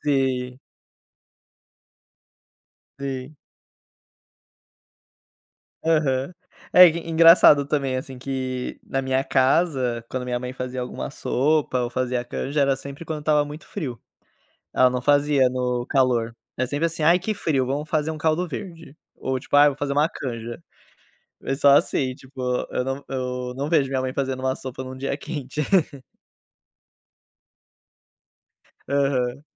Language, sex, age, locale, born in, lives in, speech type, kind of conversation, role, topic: Portuguese, male, 25-29, pt-BR, Brazil, Portugal, conversation, unstructured, none, Qual é o prato que mais te lembra a infância?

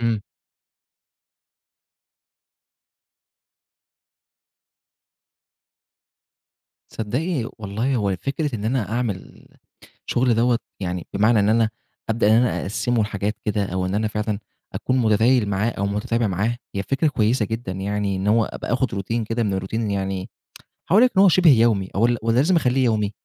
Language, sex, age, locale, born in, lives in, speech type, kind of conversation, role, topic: Arabic, male, 25-29, ar-EG, Egypt, Egypt, conversation, advice, user, إزاي بتتعامل مع التسويف المتكرر في المهام المهمة اللي بيخلّيك تسيبها لآخر لحظة؟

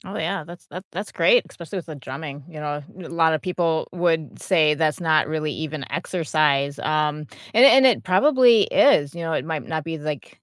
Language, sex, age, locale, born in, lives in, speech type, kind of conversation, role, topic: English, female, 35-39, en-US, United States, United States, conversation, unstructured, none, How do you make exercise fun in your daily life?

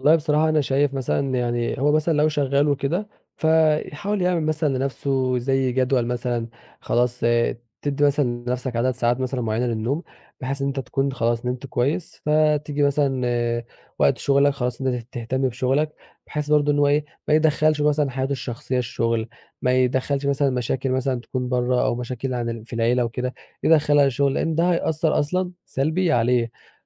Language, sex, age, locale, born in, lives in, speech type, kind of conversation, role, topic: Arabic, male, 20-24, ar-EG, Egypt, Egypt, conversation, podcast, guest, إزاي بتتعامل مع ضغط الشغل؟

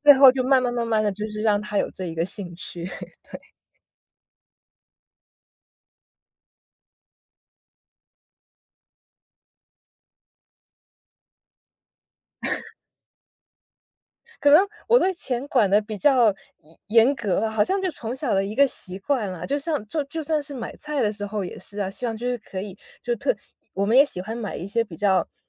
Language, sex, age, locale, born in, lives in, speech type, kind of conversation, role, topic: Chinese, female, 30-34, zh-CN, China, United States, conversation, podcast, guest, 家庭理财时，怎样和另一半谈钱？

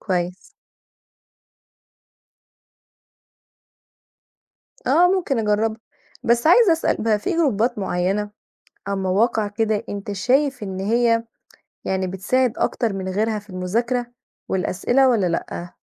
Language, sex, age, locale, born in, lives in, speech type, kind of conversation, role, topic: Arabic, female, 30-34, ar-EG, Egypt, Portugal, read, expert-assistant, asker, كيف يمكن للطلاب الاستفادة من مصادر التعلم المختلفة؟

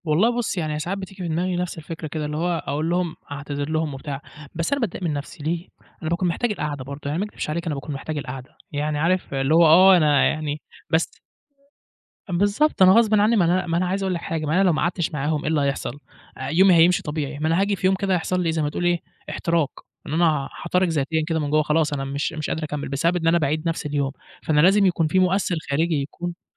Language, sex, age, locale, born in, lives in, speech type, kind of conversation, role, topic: Arabic, male, 20-24, ar-EG, Egypt, Egypt, conversation, podcast, guest, إزاي بتبدأ صباحك عادة؟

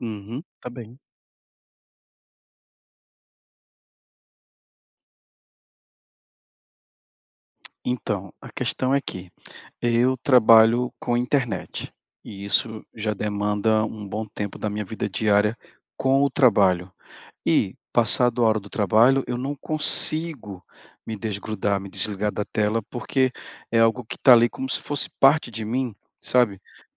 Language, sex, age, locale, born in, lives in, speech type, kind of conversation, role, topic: Portuguese, male, 40-44, pt-BR, Brazil, Portugal, conversation, advice, user, Como posso equilibrar o tempo de tela com a minha vida diária?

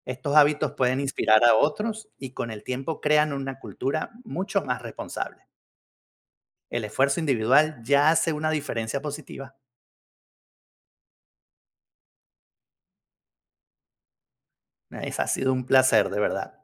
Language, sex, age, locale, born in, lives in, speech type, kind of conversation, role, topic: Spanish, male, 50-54, es-VE, Venezuela, United States, read, expert-assistant, expert, ¿Qué estrategias puedo usar para reducir mi huella de carbono en casa cuando tengo recursos limitados?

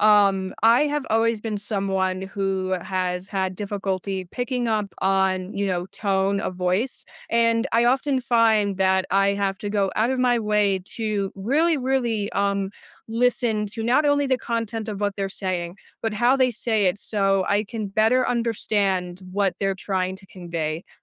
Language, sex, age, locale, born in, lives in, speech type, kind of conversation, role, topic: English, other, 20-24, en-US, United States, United States, conversation, podcast, guest, Why is the tone of our voice so important in communication?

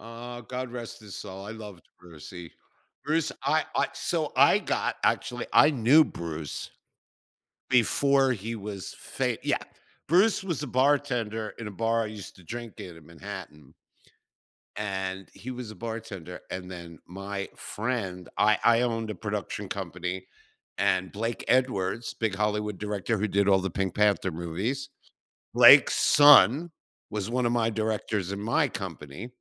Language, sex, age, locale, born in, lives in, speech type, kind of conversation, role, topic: English, male, 60-64, en-US, United States, United States, conversation, unstructured, none, Which movie, game, or TV soundtracks do you loop for focus or relaxation, and why?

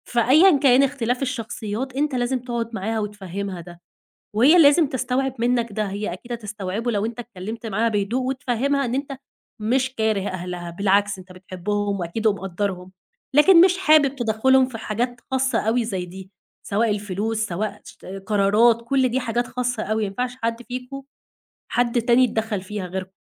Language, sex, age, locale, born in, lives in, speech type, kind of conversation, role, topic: Arabic, female, 20-24, ar-EG, Egypt, Egypt, conversation, advice, advisor, إزاي نتعامل مع التوتر بيننا وبين أهل الزوج أو الزوجة بسبب تدخلهم في حياتنا؟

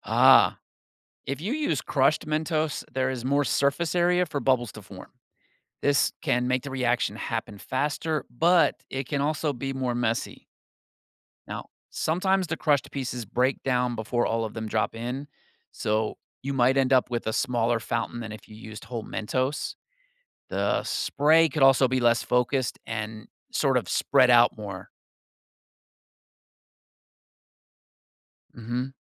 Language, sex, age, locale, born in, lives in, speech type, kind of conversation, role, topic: English, male, 45-49, en-US, United States, United States, read, expert-assistant, expert, Why do Mentos make soda fizz and overflow?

